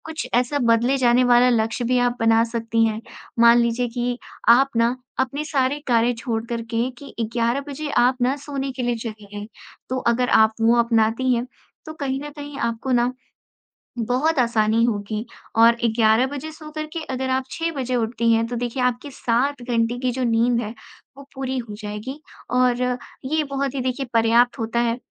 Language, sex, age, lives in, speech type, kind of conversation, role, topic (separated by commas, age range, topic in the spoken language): Hindi, female, 20-24, India, conversation, advice, advisor, मैं सोने और जागने का नियमित समय क्यों नहीं बना पा रहा/रही हूँ?